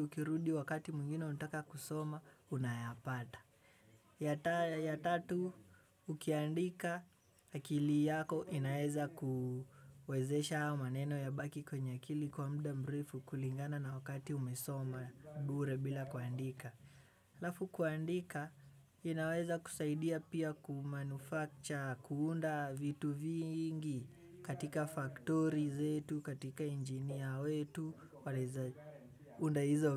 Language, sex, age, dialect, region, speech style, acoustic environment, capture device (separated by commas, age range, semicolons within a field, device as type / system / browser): Swahili, male, 18-24, Kenya - Nairobi Sheng-influenced, Kenya, relaxed; tired, nature; people talking, desktop / Windows / Chrome